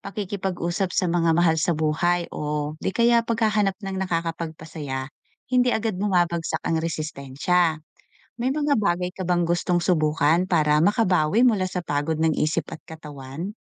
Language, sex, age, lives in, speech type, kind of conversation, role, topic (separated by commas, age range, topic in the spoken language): Filipino, female, 40-44, Philippines, read, expert-assistant, expert, Ano ang mga pinaka-epektibong paraan para mapanatiling malusog at matatag ang ating immune system, lalo na sa harap ng modernong stress at polusyon?